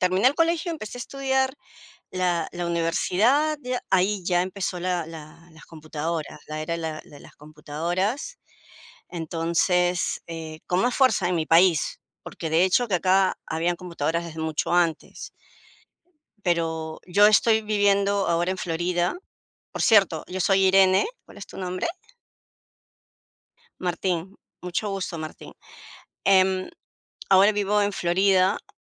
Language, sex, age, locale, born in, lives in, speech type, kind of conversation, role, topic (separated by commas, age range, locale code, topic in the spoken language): Spanish, female, 55-59, es-PE, Peru, United States, conversation, unstructured, none, ¿Crees que la tecnología ha mejorado la educación?